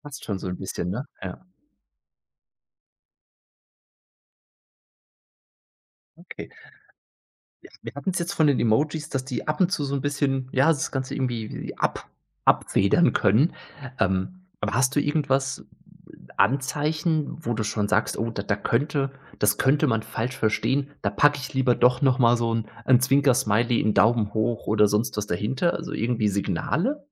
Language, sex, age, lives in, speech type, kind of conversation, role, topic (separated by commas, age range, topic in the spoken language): German, male, 30-34, Germany, conversation, podcast, host, Was machst du, wenn deine Nachrichten oft missverstanden werden?